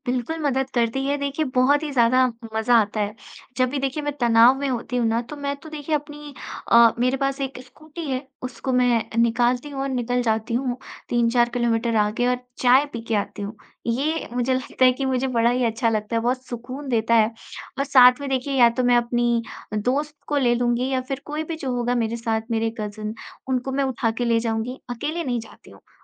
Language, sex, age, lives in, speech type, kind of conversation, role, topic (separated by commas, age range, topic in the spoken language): Hindi, female, 20-24, India, conversation, podcast, guest, बाज़ार की रोज़मर्रा की सैर में आपकी नज़र सबसे पहले किस चीज़ पर जाती है?